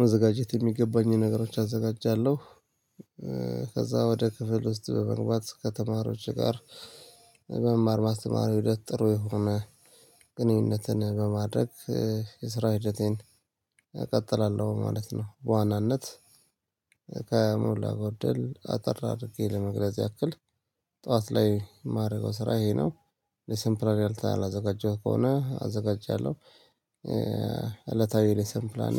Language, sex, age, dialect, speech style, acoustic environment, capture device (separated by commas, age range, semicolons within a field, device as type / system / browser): Amharic, male, 35-44, Ethiopia - Addis Ababa, happy, nature, mobile / Linux / Chrome